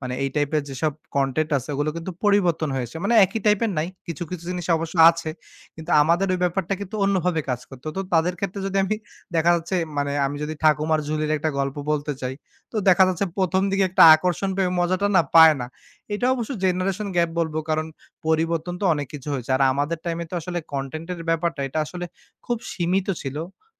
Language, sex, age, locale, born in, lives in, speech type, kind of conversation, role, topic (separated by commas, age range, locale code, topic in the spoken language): Bengali, male, 25-29, bn-BD, Bangladesh, Bangladesh, conversation, podcast, guest, গল্পের শুরু কেমন হলে পাঠক মগ্ন হয়ে থাকে?